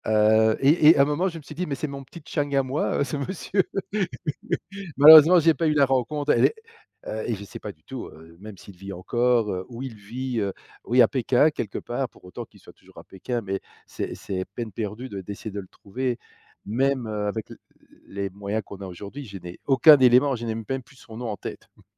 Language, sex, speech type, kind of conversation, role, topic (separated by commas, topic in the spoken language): French, male, conversation, podcast, guest, Peux-tu raconter une histoire où un inconnu t’a aidé de façon inattendue ?